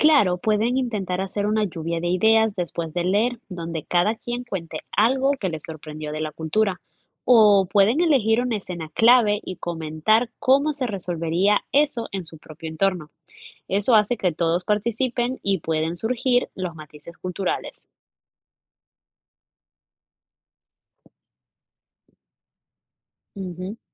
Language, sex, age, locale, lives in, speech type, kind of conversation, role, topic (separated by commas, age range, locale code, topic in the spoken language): Spanish, female, 20-24, es-EC, United States, read, expert-assistant, expert, ¿Cuál crees que es la mejor manera de descubrir qué tienen en común dos novelas de diferentes lugares?